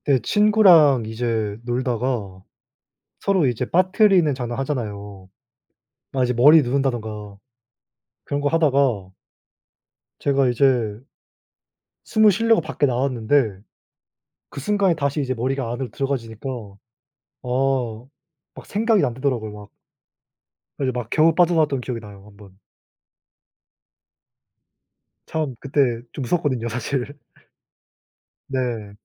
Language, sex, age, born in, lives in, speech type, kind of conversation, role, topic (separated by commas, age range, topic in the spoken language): Korean, male, 20-24, South Korea, South Korea, conversation, unstructured, none, 가장 행복했던 어린 시절의 기억은 무엇인가요?